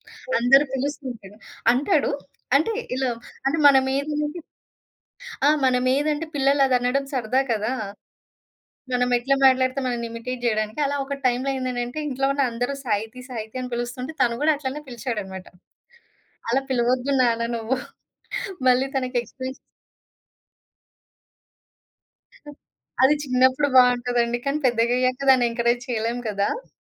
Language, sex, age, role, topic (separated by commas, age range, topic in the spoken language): Telugu, female, 30-34, guest, మీ ఇంట్లో ఉదయం లేవగానే మీరు చేసే మొదటి పని ఏమిటి?